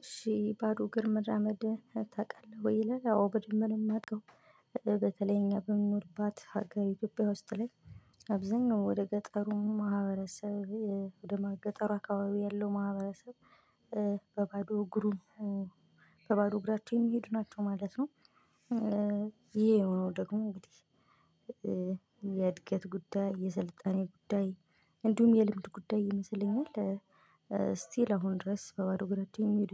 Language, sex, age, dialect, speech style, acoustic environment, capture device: Amharic, female, 25-34, Ethiopia - Gojjam, excited; happy; relaxed, silence, mobile / Linux / Chrome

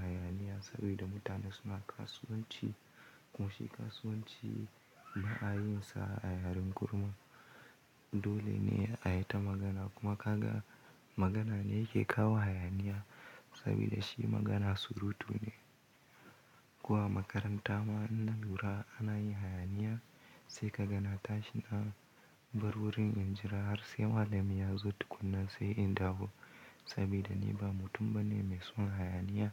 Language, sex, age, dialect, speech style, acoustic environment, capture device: Hausa, male, 18-24, Nigeria - Kano, happy; anxious, nature; silence, mobile / Linux / Chrome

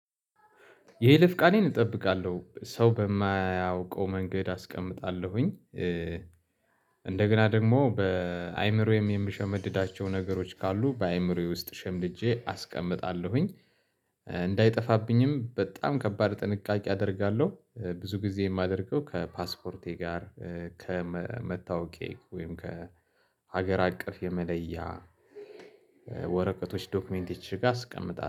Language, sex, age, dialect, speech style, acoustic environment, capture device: Amharic, male, 35-44, Ethiopia - Addis Ababa, excited, silence, mobile / Linux / Chrome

